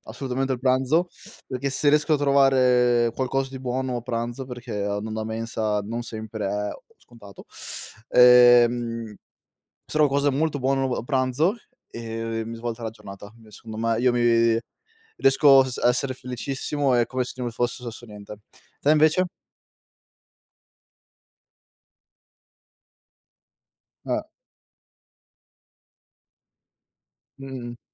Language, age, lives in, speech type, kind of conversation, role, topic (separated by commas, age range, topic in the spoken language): Italian, 25-29, Italy, conversation, unstructured, none, Cosa ti fa sorridere anche nelle giornate più difficili?